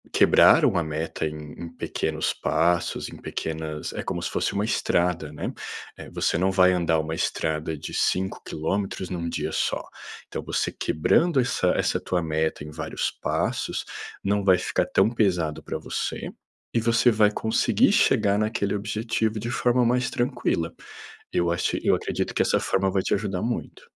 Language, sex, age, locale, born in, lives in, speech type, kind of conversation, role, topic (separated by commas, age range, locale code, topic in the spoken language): Portuguese, male, 30-34, pt-BR, Brazil, Portugal, conversation, advice, advisor, Como posso manter o foco em uma única tarefa por mais tempo?